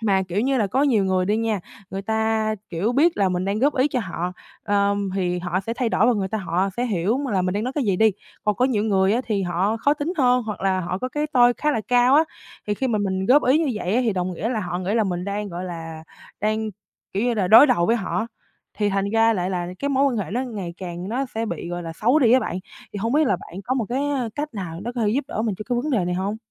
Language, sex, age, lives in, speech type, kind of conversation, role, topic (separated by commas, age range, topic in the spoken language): Vietnamese, female, 18-19, Vietnam, conversation, advice, user, Làm sao để nói thẳng với bạn bè mà vẫn giữ được sự tôn trọng và không làm họ phật lòng?